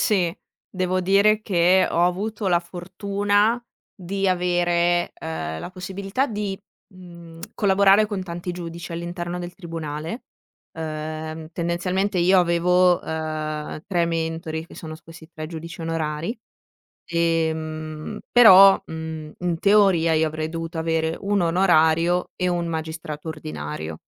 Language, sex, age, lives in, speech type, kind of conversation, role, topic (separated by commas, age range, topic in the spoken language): Italian, female, 25-29, Italy, conversation, podcast, guest, Quando hai capito che cosa volevi fare nella vita?